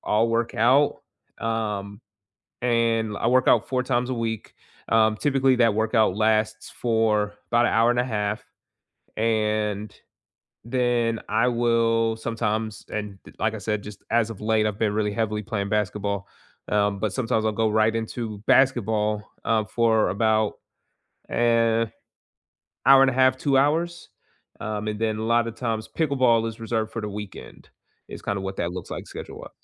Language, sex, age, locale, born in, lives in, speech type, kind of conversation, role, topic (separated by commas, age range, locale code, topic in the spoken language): English, male, 25-29, en-US, United States, United States, conversation, unstructured, none, Which kind of exercise or movement fits your life best right now, and how could it help you connect with others?